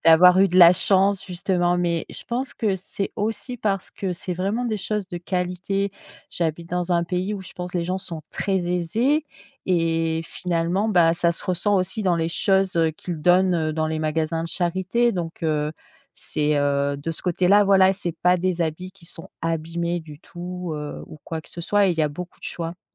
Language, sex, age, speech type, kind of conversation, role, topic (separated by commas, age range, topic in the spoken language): French, female, 45-49, conversation, podcast, guest, Pourquoi t’es-tu mis(e) à acheter d’occasion ?